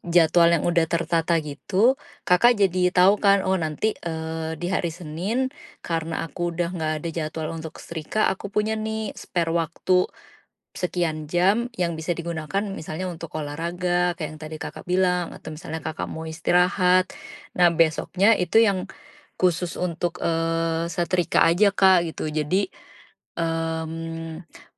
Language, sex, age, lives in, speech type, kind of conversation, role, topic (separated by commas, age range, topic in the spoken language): Indonesian, female, 35-39, Indonesia, conversation, advice, advisor, Bagaimana saya bisa lebih teratur mengelola waktu dan jadwal harian saya?